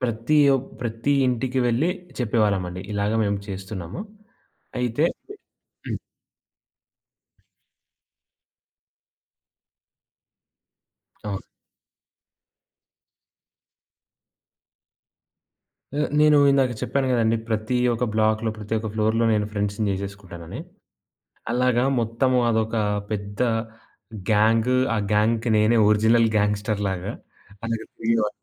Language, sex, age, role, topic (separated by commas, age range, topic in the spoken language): Telugu, male, 20-24, guest, మీకు గుర్తుంటే, చిన్ననాటి పండుగలతో సంబంధించిన జ్ఞాపకాలు ఏవి?